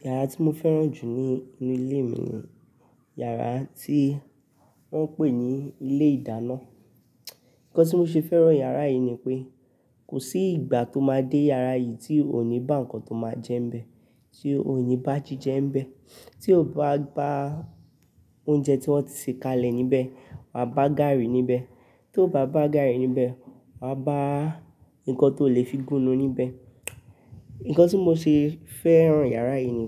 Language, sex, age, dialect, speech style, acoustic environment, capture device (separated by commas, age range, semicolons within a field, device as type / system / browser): Yoruba, male, 18-24, Nigeria - Nigerian Standard, stressed; tired, people talking; nature, mobile / Linux / Chrome